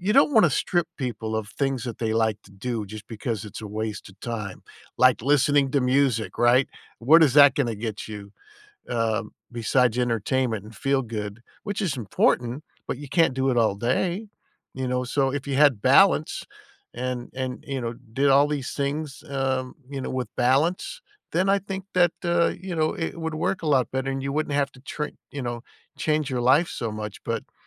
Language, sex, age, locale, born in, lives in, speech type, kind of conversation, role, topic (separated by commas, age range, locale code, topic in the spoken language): English, male, 60-64, en-US, United States, United States, conversation, unstructured, none, What would you change or stop doing to have more time and energy for what matters most?